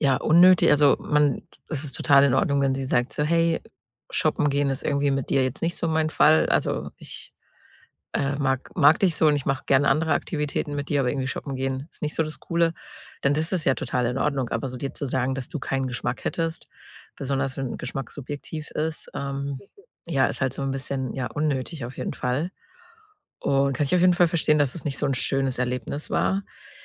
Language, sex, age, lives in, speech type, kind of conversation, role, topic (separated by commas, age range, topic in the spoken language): German, female, 30-34, Germany, conversation, advice, advisor, Wie gehe ich am Anfang einer neuen Beziehung mit Unsicherheit und Nervosität um?